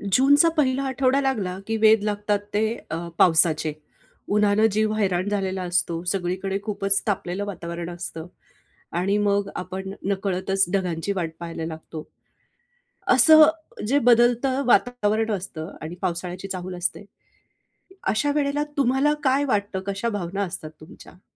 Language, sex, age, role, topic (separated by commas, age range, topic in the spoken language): Marathi, female, 45-49, host, पावसाळा सुरू होताना गावात होणारे बदल तुला कसे वाटतात?